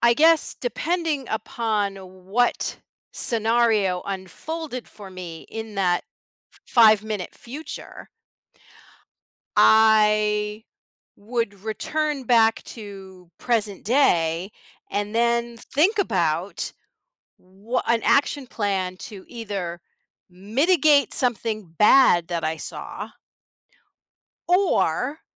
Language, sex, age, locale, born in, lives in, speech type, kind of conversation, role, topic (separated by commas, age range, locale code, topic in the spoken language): English, female, 55-59, en-US, United States, United States, conversation, unstructured, none, How might having a brief glimpse into the future change the way you make decisions?